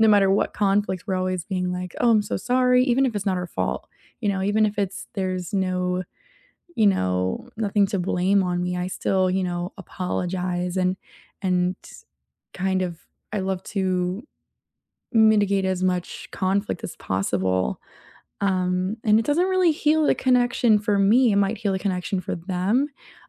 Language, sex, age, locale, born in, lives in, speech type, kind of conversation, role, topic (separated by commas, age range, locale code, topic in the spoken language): English, female, 20-24, en-US, United States, United States, conversation, unstructured, none, How can you repair a relationship after a conflict with apologies that truly heal the connection?